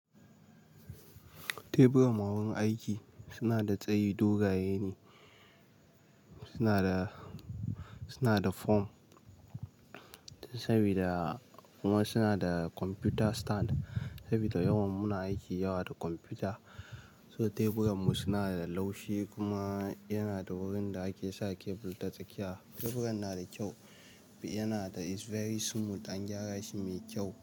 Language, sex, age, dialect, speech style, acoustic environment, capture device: Hausa, male, 18-24, Nigeria - Nigerian Standard, relaxed, nature, mobile / Linux / Chrome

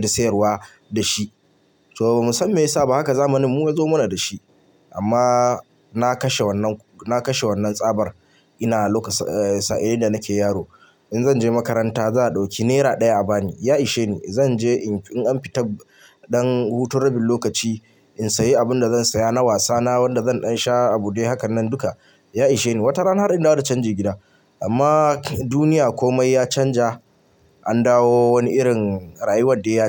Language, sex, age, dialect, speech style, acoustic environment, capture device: Hausa, male, 25-34, Nigeria - Kano, relaxed, appliances, mobile / Linux / Chrome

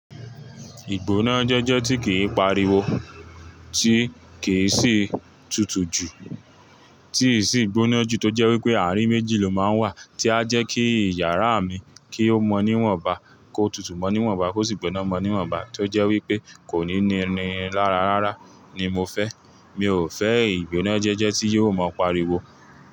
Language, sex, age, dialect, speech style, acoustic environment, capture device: Yoruba, male, 25-34, Nigeria - Ibadan, relaxed, traffic; people talking, mobile / Linux / Chrome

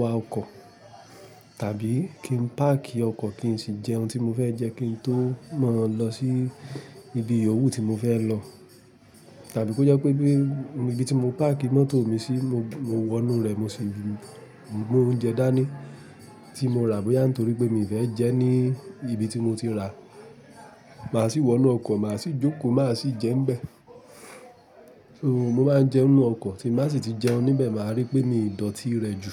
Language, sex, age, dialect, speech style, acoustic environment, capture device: Yoruba, male, 25-34, Nigeria - Ibadan, relaxed, traffic; appliances, mobile / Linux / Chrome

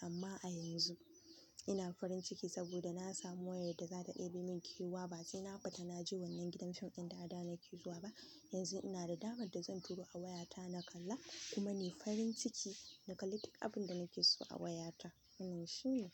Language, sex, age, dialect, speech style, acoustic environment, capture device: Hausa, female, 18-24, Nigeria - Kano, happy, nature, mobile / Linux / Chrome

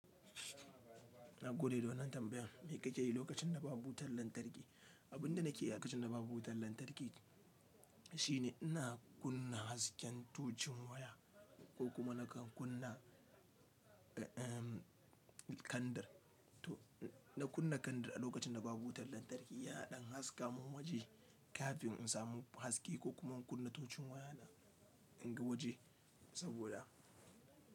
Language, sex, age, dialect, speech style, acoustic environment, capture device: Hausa, male, 25-34, Nigeria - Nigerian Standard, happy; relaxed, nature, mobile / Linux / Chrome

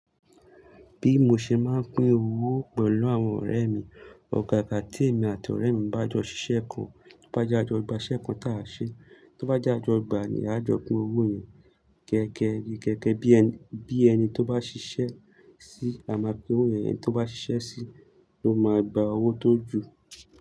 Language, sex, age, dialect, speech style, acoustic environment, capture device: Yoruba, male, 25-34, Nigeria - Lagos Yoruba, focused, people talking; nature, mobile / Linux / Chrome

